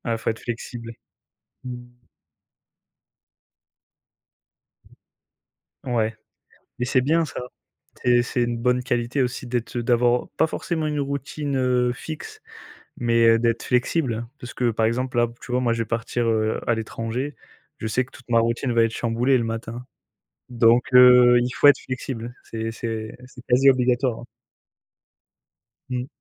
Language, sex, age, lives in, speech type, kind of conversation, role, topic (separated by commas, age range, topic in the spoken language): French, male, 25-29, France, conversation, unstructured, none, Qu’est-ce que tu apprécies dans ta routine matinale ?